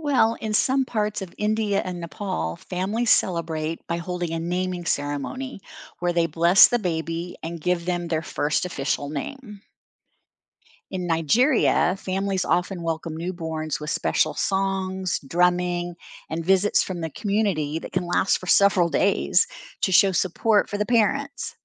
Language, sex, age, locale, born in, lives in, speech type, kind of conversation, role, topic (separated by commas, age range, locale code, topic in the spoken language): English, female, 60-64, en-US, United States, United States, read, expert-assistant, expert, What are the different traditions around the world for welcoming a newborn baby?